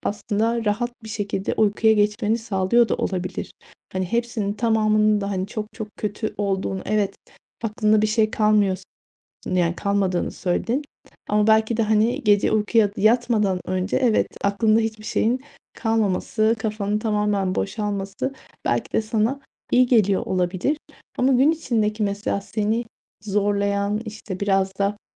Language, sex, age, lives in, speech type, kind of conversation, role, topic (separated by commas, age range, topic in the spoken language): Turkish, female, 40-44, Spain, conversation, advice, advisor, Ekran kullanımım ile boş zaman etkinliklerimi nasıl dengeleyebilirim?